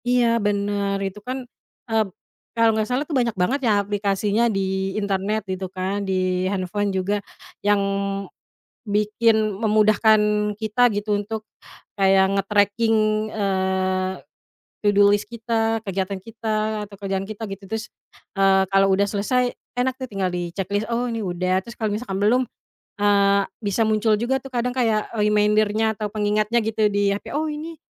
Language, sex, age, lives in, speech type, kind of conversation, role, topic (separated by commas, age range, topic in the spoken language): Indonesian, female, 30-34, Indonesia, conversation, podcast, guest, Gimana caramu menggunakan daftar tugas supaya kamu benar-benar menyelesaikan semua pekerjaan?